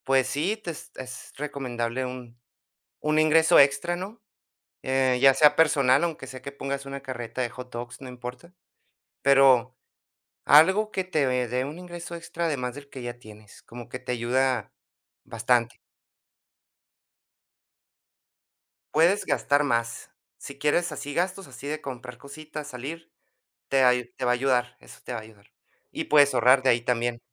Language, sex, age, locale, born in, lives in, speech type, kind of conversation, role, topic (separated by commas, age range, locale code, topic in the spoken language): Spanish, male, 30-34, es-US, United States, United States, conversation, podcast, guest, ¿Cómo equilibras el ahorro para el futuro con disfrutar el presente?